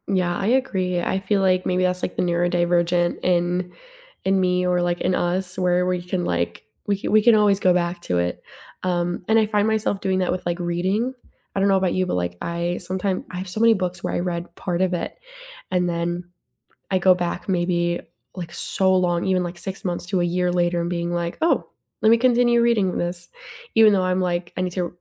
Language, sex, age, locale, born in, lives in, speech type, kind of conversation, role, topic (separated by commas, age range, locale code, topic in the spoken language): English, female, 20-24, en-US, United States, United States, conversation, unstructured, none, What hobby would you realistically love to start this year?